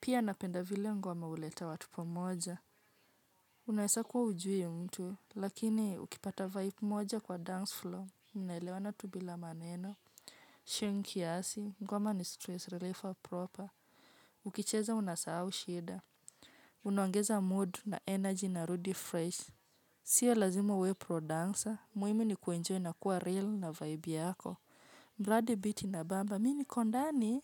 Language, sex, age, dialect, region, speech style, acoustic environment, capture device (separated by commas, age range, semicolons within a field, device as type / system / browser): Swahili, female, 25-34, Kenya - Nairobi Sheng-influenced, Kenya, happy; relaxed; nervous; focused; excited, nature, mobile / Linux / Chrome